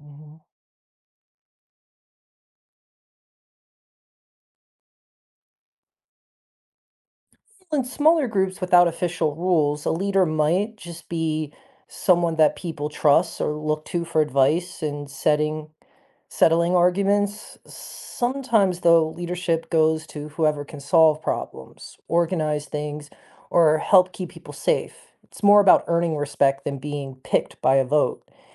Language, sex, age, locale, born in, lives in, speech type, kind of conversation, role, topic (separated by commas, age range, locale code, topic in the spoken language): English, male, 40-44, en-US, United States, United States, read, expert-assistant, expert, How would society evolve if there were no laws?